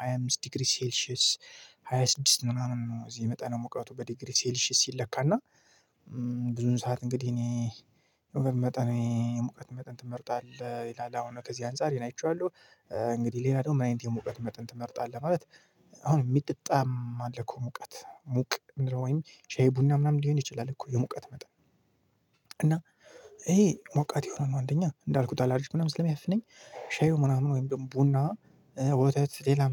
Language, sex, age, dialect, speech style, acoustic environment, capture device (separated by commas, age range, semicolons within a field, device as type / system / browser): Amharic, male, 25-34, Ethiopia - Gojjam, focused, silence, mobile / Linux / Chrome